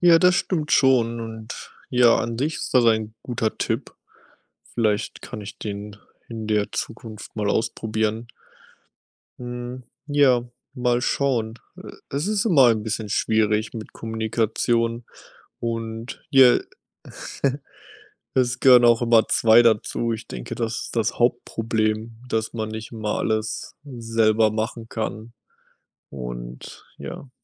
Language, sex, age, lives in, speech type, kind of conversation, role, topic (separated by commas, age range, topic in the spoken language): German, male, 25-29, United States, conversation, unstructured, none, Wie wichtig ist Kommunikation in einer Partnerschaft?